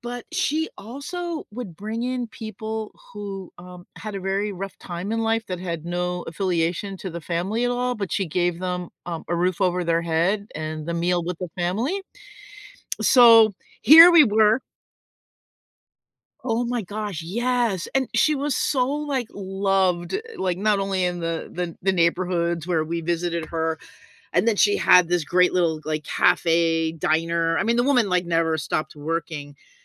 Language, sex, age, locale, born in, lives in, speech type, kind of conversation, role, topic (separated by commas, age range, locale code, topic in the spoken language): English, female, 60-64, en-US, United States, United States, conversation, podcast, guest, How do the people, place, and emotions surrounding a meal shape your memories of it?